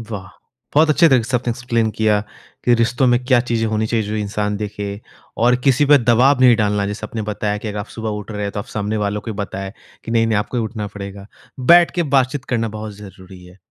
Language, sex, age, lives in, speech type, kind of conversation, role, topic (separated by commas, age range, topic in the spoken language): Hindi, male, 25-29, India, conversation, podcast, host, Jab bahut saare options hon, toh decision simplify karne ka sabse asaan tareeqa kya hai?